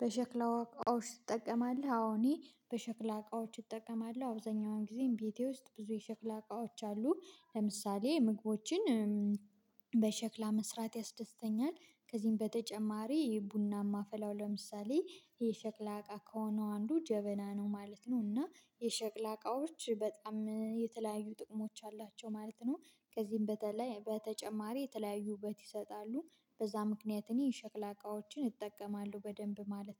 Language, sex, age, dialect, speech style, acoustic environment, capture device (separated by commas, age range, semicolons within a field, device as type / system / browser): Amharic, female, 25-34, Ethiopia - Gojjam, focused, silence, mobile / Linux / Chrome